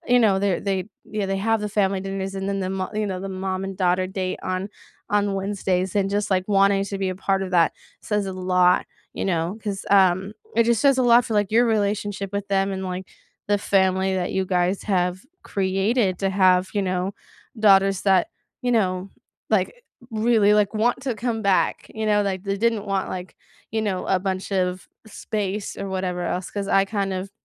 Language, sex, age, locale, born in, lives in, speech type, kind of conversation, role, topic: English, female, 20-24, en-US, United States, United States, conversation, unstructured, none, What everyday rituals help you feel close to loved ones?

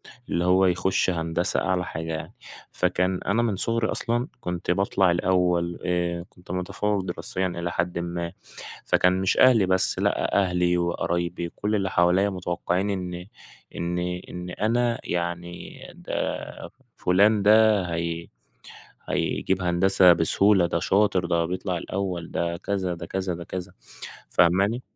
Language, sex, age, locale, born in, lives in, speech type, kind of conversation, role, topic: Arabic, male, 20-24, ar-EG, Egypt, Egypt, conversation, podcast, guest, إزاي بتشوف تأثير الضغط النفسي على أداء الطلبة؟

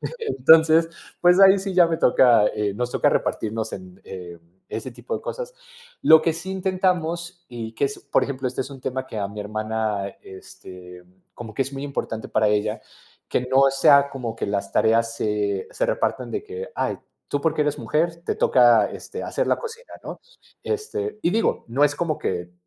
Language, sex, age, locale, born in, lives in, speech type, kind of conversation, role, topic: Spanish, male, 30-34, es-MX, Mexico, Mexico, conversation, podcast, guest, ¿Cómo organizas las tareas domésticas en familia?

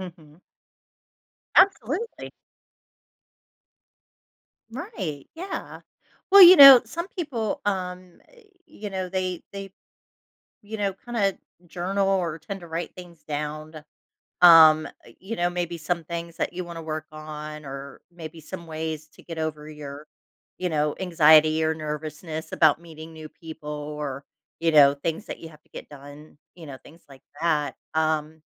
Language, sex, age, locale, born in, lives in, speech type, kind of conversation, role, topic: English, female, 45-49, en-US, United States, United States, conversation, advice, advisor, How can I manage my nerves about moving?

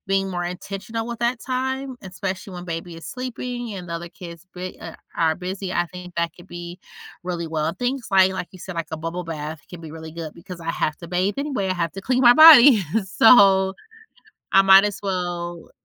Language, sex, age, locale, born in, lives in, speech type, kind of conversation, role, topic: English, female, 35-39, en-US, United States, United States, conversation, advice, user, How do I prepare emotionally and practically for the first weeks after our baby arrives?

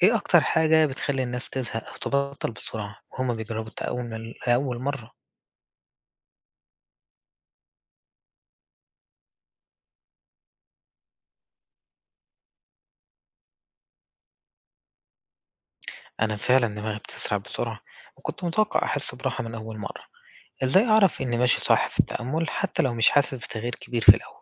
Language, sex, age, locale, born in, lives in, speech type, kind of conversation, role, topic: Arabic, male, 20-24, ar-EG, Egypt, Egypt, read, expert-assistant, asker, بيقولوا إن ممارسة التأمل أو اليوجا بتقلل مستويات الكورتيزول في الجسم وبتساعد على إدارة الضغط العصبي، هل الكلام دا مدعوم بأبحاث علمية فعلاً؟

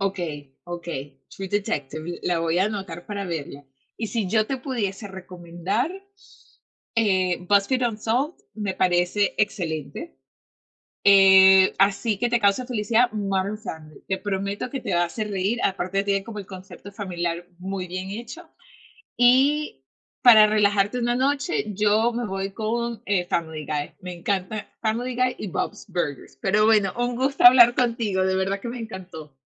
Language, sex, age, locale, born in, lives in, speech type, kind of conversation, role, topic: Spanish, female, 25-29, es-VE, Venezuela, United States, conversation, unstructured, none, ¿Cuáles son las mejores series para maratonear durante el fin de semana?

